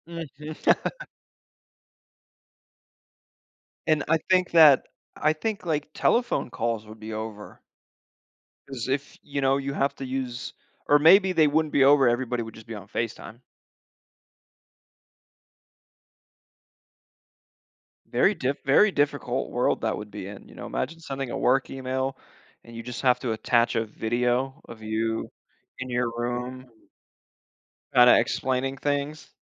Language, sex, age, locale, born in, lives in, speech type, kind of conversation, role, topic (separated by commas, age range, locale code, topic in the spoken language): English, male, 25-29, en-US, United States, United States, conversation, unstructured, none, Would you rather always have to speak in rhymes or only be able to communicate through charades?